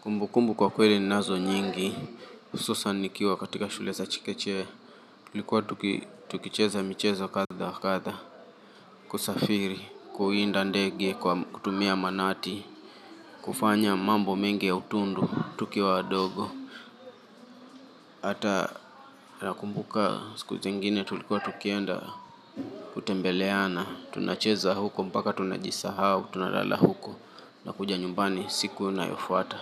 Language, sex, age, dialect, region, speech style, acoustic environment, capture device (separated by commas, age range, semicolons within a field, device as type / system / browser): Swahili, male, 25-34, Kenya - Mombasa, Kenya, relaxed, silence, mobile / Linux / Chrome